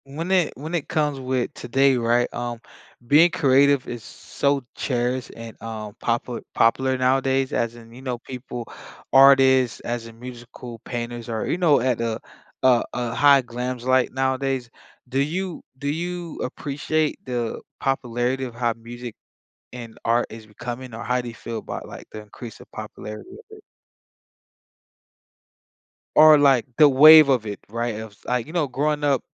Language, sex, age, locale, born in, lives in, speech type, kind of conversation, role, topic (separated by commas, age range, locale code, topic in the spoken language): English, male, 25-29, en-US, United States, United States, conversation, podcast, host, What strategies help you find inspiration when your creativity feels stuck?